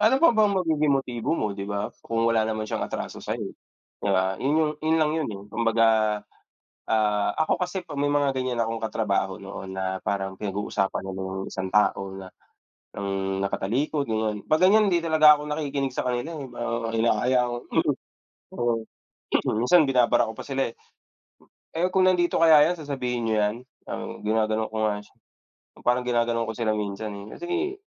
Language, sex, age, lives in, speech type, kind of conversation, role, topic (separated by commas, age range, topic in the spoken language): Filipino, male, 30-34, Philippines, conversation, unstructured, none, Ano ang nararamdaman mo kapag may taong naninira sa likod mo?